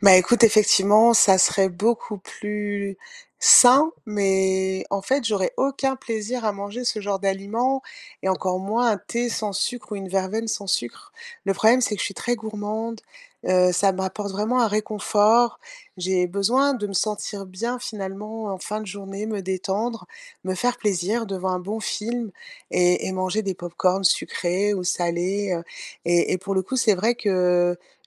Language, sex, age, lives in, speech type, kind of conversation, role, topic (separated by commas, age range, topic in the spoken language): French, female, 50-54, France, conversation, advice, user, Comment puis-je réduire mon anxiété pour mieux m’endormir le soir ?